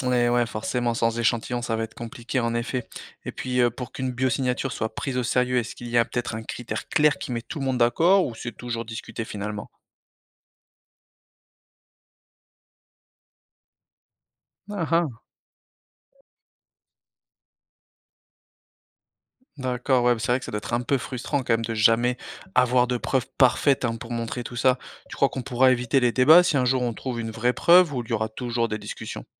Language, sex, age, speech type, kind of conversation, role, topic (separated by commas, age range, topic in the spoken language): French, male, 35-39, read, expert-assistant, asker, Comment s’y prendre pour repérer les infos sérieuses sur la vie ailleurs dans l’univers ?